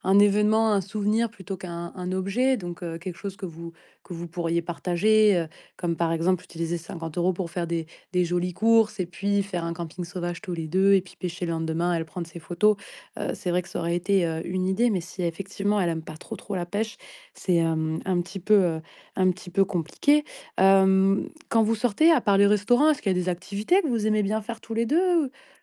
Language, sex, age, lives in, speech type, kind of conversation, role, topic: French, female, 30-34, France, conversation, advice, advisor, Comment puis-je mieux gérer mon budget pour les loisirs et les cadeaux ?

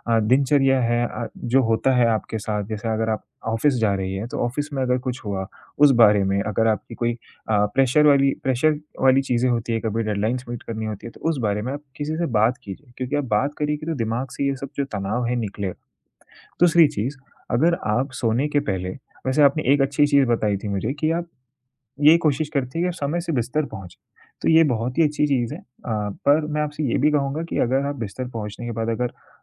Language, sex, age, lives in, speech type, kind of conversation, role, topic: Hindi, male, 20-24, India, conversation, advice, advisor, क्या मैं रात की नींद बिगाड़े बिना दिन में थोड़ी देर की झपकी लेकर तरोताज़ा रह सकता/सकती हूँ?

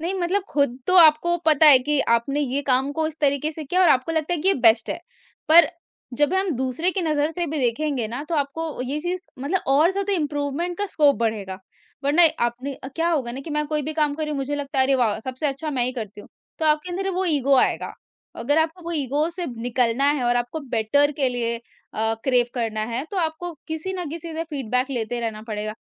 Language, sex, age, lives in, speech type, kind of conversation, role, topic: Hindi, female, 45-49, India, conversation, podcast, guest, Feedback milne par apne kaam mein tum kya-kya badlav laate ho?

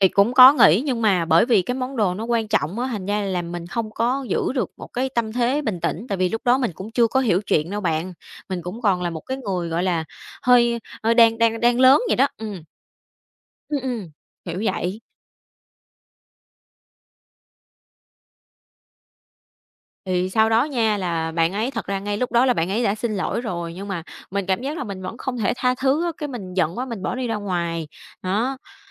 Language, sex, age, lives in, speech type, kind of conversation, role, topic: Vietnamese, female, 25-29, Vietnam, conversation, podcast, guest, Bạn xử lý thế nào khi mối quan hệ bạn bè có xung đột?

